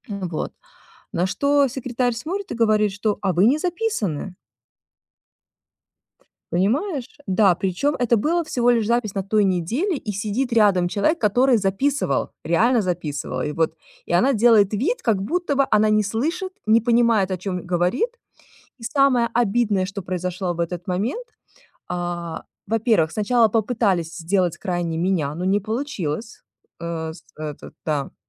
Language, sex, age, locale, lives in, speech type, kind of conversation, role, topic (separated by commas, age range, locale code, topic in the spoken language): Russian, female, 40-44, ru-RU, United States, conversation, advice, user, Как мне лучше контролировать автоматические реакции и импульсы?